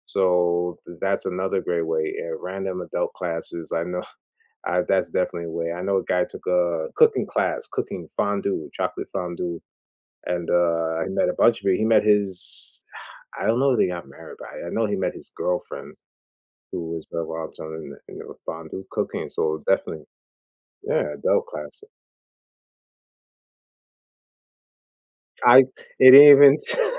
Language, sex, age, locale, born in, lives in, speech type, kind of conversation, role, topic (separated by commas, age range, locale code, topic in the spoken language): English, male, 40-44, en-US, United States, United States, conversation, unstructured, none, What are some low-pressure ways to meet new people as an adult and feel genuinely comfortable?